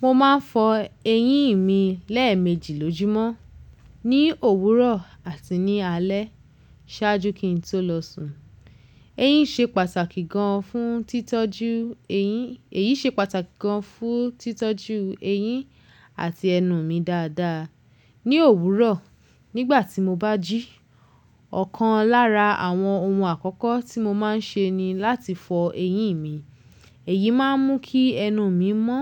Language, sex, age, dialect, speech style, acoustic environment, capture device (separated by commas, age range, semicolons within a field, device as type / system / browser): Yoruba, female, 25-34, Nigeria - Lagos Yoruba, happy; focused, nature, mobile / Linux / Chrome